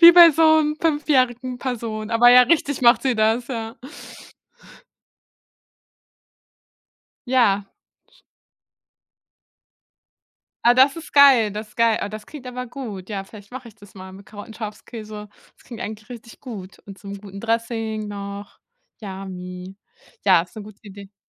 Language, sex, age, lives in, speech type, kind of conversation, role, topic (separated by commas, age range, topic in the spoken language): German, female, 30-34, Germany, conversation, unstructured, none, Macht dir Kochen Spaß oder ist es für dich eher stressig?